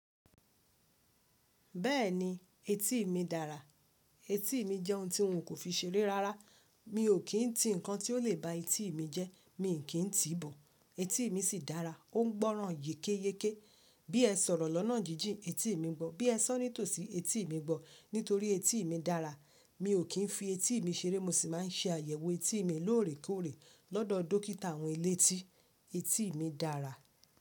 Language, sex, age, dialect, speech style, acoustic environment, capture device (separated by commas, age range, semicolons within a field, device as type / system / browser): Yoruba, female, 25-34, Nigeria - Lagos Yoruba, focused, silence; nature, mobile / Linux / Chrome